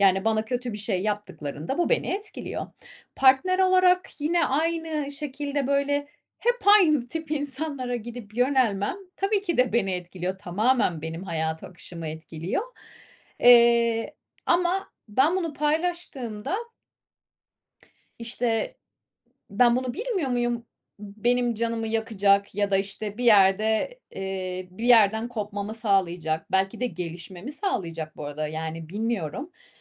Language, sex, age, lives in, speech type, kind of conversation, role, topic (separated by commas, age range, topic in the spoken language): Turkish, female, 30-34, Spain, conversation, advice, user, Hatalarımdan utanmadan nasıl öğrenip onları kabul edebilirim ve başkalarının yargılarından nasıl daha az etkilenebilirim?